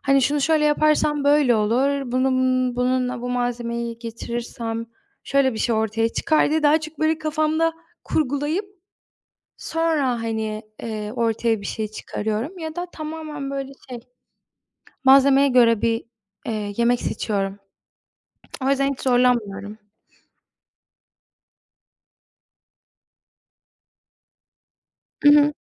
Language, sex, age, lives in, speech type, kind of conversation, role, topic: Turkish, female, 20-24, Poland, conversation, unstructured, none, Yemek yapmayı sever misin, en çok hangi yemeği yapmayı seversin?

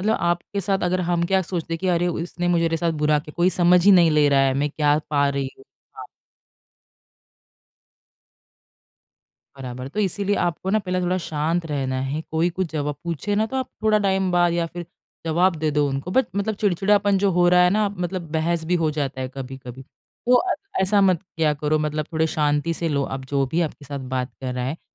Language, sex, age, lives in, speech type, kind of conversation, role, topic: Hindi, female, 30-34, India, conversation, advice, advisor, मैं अपनी खोई हुई भावनाओं को स्वीकार करके आगे कैसे बढ़ूं?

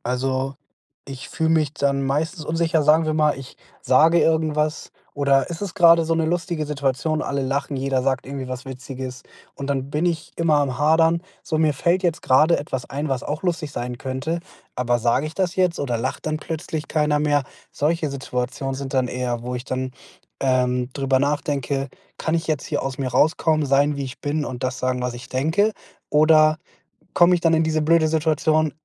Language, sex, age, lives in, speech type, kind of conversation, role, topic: German, male, 25-29, Germany, conversation, advice, user, Wie finde ich den Mut, authentisch zu handeln?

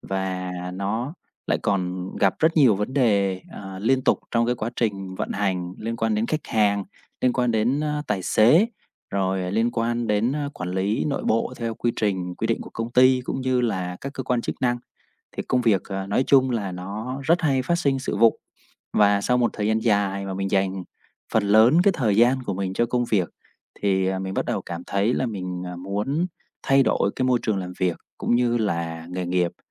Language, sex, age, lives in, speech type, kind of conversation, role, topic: Vietnamese, male, 30-34, Vietnam, conversation, advice, user, Làm sao vượt qua nỗi sợ đổi nghề khi đang ở giữa chặng đường sự nghiệp?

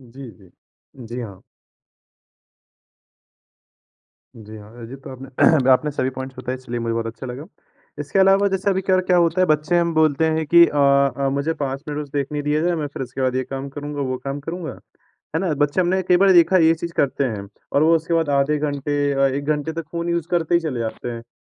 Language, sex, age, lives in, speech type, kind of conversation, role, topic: Hindi, male, 20-24, India, conversation, podcast, host, Aap apne bachchon ko zyada screen use aur jaankari ki bharmaar se kaise bachate ho?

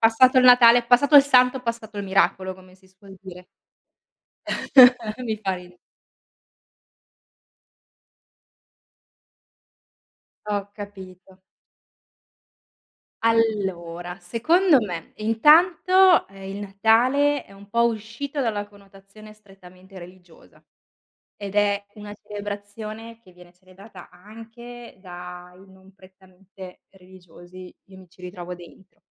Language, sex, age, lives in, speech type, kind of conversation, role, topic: Italian, female, 30-34, Italy, conversation, unstructured, none, Quale festa religiosa ti dà più gioia?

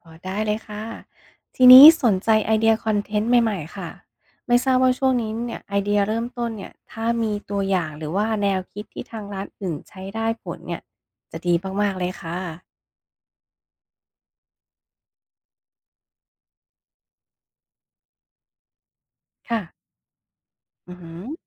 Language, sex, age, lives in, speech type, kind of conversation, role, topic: Thai, female, 40-44, United States, read, expert-assistant, asker, ทำไมยอดไลก์ในเพจเฟซบุ๊กของเราลดลงกะทันหัน? มีปัจจัยอะไรที่ควรตรวจสอบบ้าง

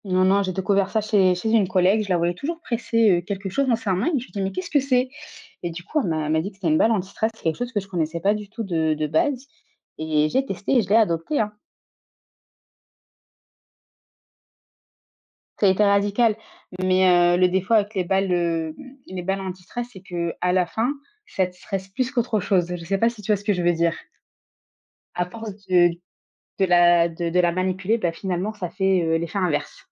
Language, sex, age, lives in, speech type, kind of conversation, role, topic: French, female, 25-29, France, conversation, podcast, guest, As-tu des méthodes simples pour gérer le stress au travail ?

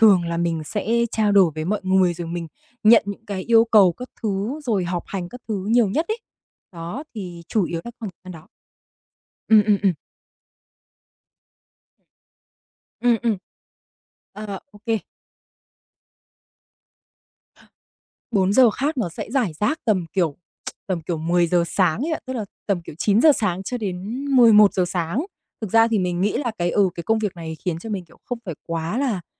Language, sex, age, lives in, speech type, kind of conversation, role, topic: Vietnamese, female, 25-29, Vietnam, conversation, advice, user, Làm thế nào để thích nghi với việc phải làm việc từ xa sau nhiều năm làm việc tại văn phòng?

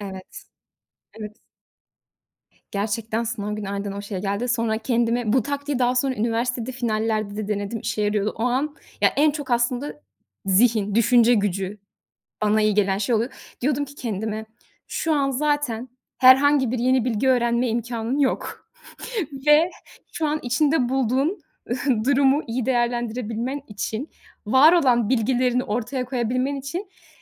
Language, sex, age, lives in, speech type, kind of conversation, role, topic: Turkish, female, 30-34, Netherlands, conversation, podcast, guest, Sınav kaygısıyla başa çıkmak için hangi yöntemleri kullanıyorsun?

